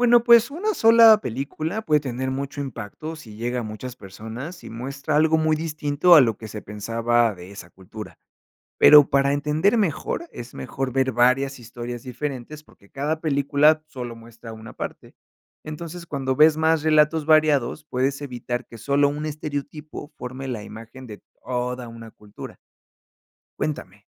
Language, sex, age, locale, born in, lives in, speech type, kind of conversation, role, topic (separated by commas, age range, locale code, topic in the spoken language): Spanish, male, 30-34, es-MX, Mexico, Mexico, read, expert-assistant, expert, ¿Qué impacto tiene el cine en la percepción de diferentes culturas?